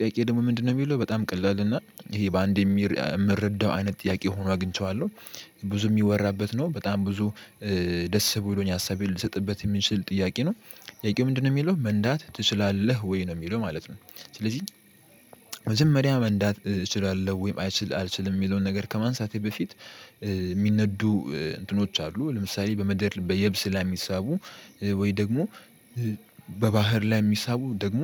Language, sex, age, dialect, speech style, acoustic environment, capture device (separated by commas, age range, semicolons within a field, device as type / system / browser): Amharic, male, 18-24, Ethiopia - Addis Ababa, happy; relaxed; excited; focused, silence, mobile / Linux / Chrome